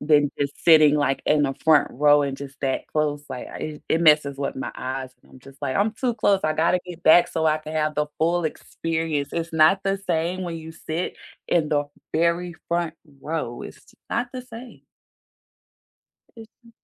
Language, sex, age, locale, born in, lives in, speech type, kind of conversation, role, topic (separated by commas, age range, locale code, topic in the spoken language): English, female, 30-34, en-US, United States, United States, conversation, unstructured, none, What snacks make movie night perfect for you, and what movie-theater hot takes spark friendly debates?